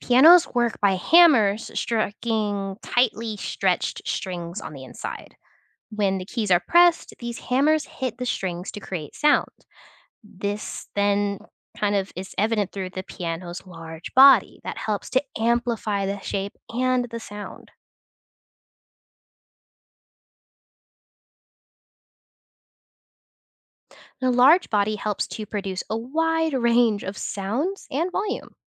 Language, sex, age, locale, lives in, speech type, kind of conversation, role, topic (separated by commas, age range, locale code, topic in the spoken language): English, other, 20-24, en-US, United States, read, expert-assistant, expert, How do different musical instruments produce sound?